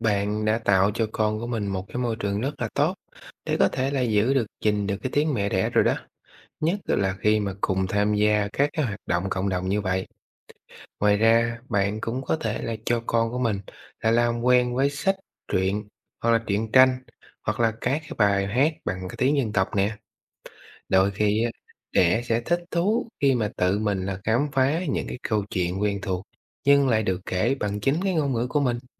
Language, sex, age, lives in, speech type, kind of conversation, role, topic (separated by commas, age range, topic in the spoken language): Vietnamese, male, 30-34, Vietnam, read, expert-assistant, expert, Làm sao giúp trẻ duy trì và yêu thích tiếng mẹ đẻ?